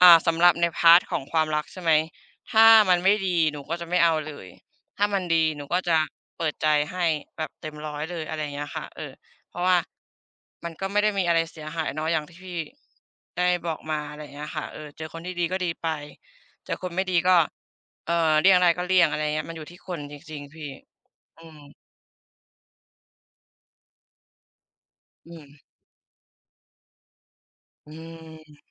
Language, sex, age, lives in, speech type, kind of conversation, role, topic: Thai, female, 25-29, Thailand, conversation, unstructured, none, อนาคตที่คุณฝันไว้คืออะไร?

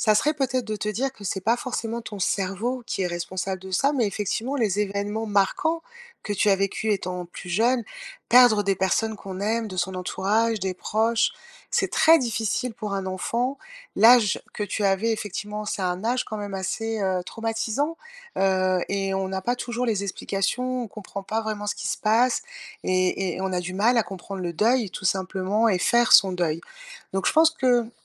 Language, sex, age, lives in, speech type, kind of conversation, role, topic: French, female, 50-54, France, conversation, advice, advisor, Comment puis-je reconstruire ma confiance en moi après une rupture ?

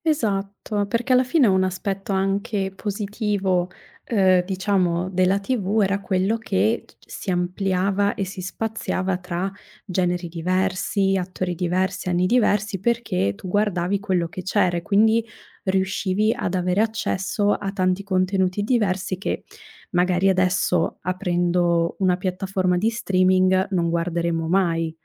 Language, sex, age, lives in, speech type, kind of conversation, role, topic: Italian, female, 25-29, Italy, conversation, podcast, host, Cosa pensi del ruolo delle piattaforme di streaming nella cultura?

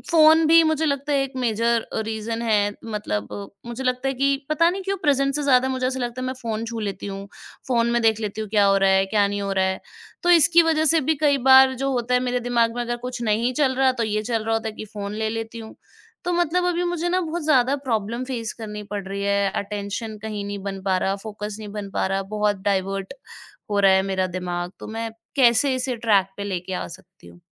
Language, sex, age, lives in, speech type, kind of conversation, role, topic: Hindi, female, 25-29, India, conversation, advice, user, दिन में बार-बार ध्यान भटकने से काम पूरा नहीं होता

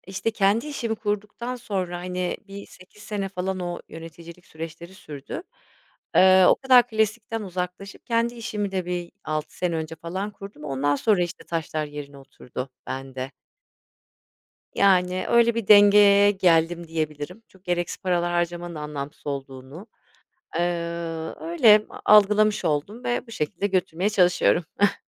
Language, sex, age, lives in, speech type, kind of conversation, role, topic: Turkish, female, 40-44, Spain, conversation, podcast, guest, Modaya uyum sağlamak mı, yoksa kendine sadık kalmak mı daha önemli?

